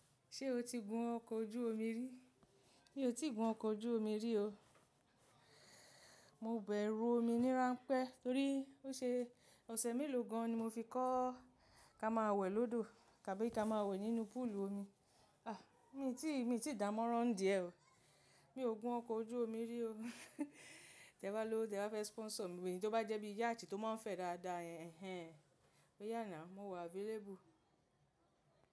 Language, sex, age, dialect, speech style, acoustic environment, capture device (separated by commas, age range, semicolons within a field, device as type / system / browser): Yoruba, female, 35-44, Nigeria - Lagos Yoruba, happy, nature, mobile / macOS / Safari